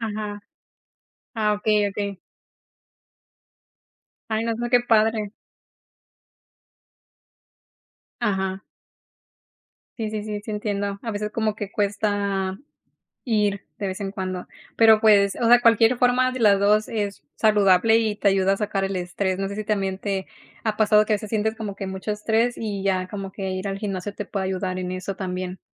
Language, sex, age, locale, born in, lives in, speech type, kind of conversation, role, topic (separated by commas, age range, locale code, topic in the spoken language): Spanish, female, 30-34, es-MX, Mexico, United States, conversation, unstructured, none, ¿Cómo influye el ejercicio en tu estado de ánimo diario?